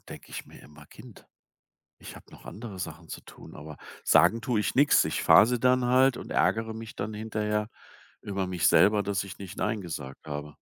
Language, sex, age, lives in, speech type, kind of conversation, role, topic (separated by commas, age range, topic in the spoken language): German, male, 55-59, Germany, conversation, advice, user, Wie kann ich vermeiden, dass ich mich durch zu viele Verpflichtungen überfordert fühle, weil ich nicht Nein sagen kann?